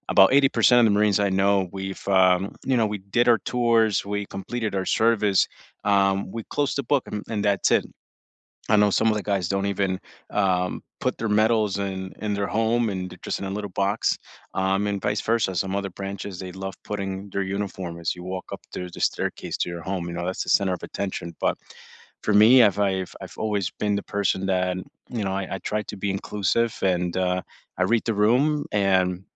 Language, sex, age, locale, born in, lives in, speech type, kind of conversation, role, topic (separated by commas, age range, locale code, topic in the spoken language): English, male, 25-29, en-US, United States, United States, conversation, unstructured, none, How can communities build a sense of belonging through small actions that help everyone feel seen and connected?